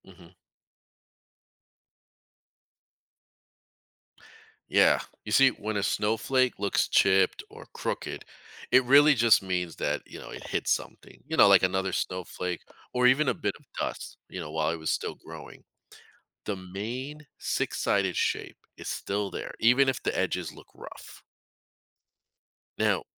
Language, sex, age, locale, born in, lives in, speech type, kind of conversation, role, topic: English, male, 40-44, en-US, United States, United States, read, expert-assistant, expert, How does a snowflake form its unique shape?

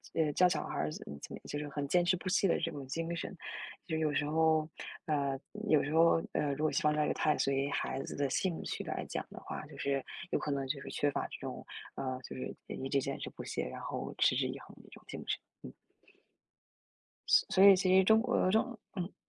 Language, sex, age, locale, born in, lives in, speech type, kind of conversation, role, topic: Chinese, female, 20-24, zh-CN, China, United States, conversation, unstructured, none, 你会为了理想而放弃稳定的生活吗？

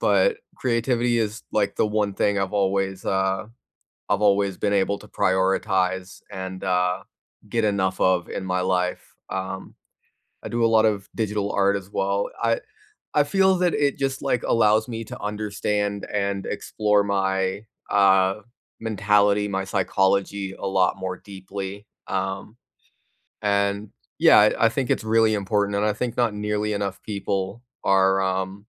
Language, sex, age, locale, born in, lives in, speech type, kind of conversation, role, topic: English, other, 20-24, en-US, United States, United States, conversation, unstructured, none, Which childhood hobby would you revisit, and how would you start?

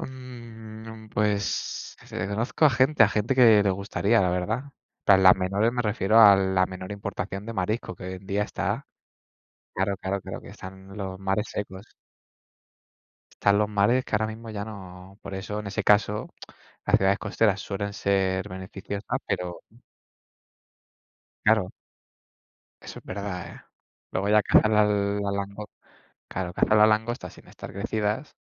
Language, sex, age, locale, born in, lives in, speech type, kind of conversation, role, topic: Spanish, male, 20-24, es-ES, Spain, Spain, conversation, unstructured, none, ¿Qué hace que un lugar sea perfecto para una escapada de fin de semana?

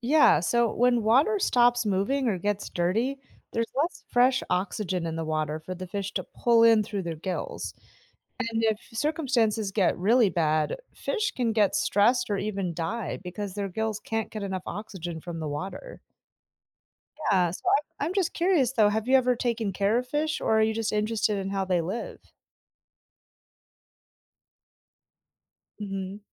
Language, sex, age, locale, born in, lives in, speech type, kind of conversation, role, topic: English, female, 35-39, en-US, United States, United States, read, expert-assistant, expert, How do fish breathe underwater?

